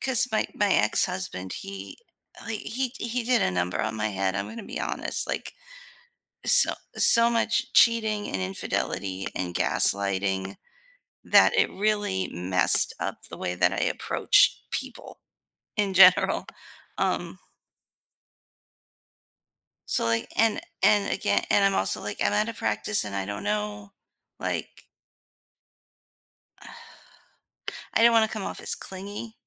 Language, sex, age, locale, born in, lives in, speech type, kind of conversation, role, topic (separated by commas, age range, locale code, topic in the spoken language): English, female, 40-44, en-US, United States, United States, conversation, advice, user, How can I nurture and strengthen my romantic relationship?